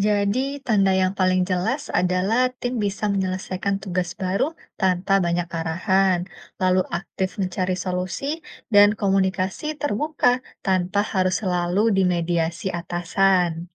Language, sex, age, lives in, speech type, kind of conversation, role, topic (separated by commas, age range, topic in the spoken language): Indonesian, female, 30-34, Indonesia, read, expert-assistant, expert, Apa sebenarnya yang membuat strategi bisnis disebut 'berhasil'? Apakah selalu soal untung besar, atau ada hal lain?